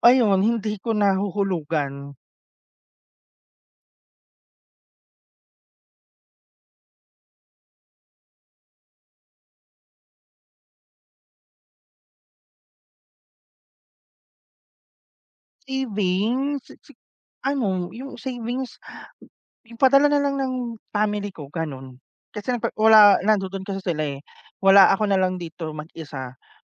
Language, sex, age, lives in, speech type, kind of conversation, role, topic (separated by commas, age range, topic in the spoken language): Filipino, male, 30-34, Philippines, conversation, unstructured, none, Bakit mahalaga sa’yo ang pagkakaroon ng pondong pang-emergency?